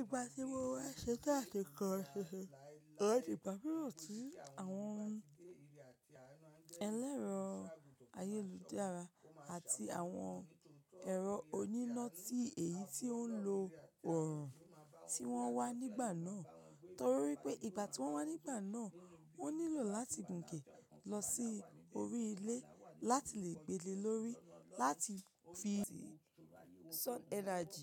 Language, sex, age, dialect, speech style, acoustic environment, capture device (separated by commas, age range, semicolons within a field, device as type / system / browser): Yoruba, female, 25-34, Nigeria - Ibadan, relaxed; focused, appliances; nature, mobile / macOS / Safari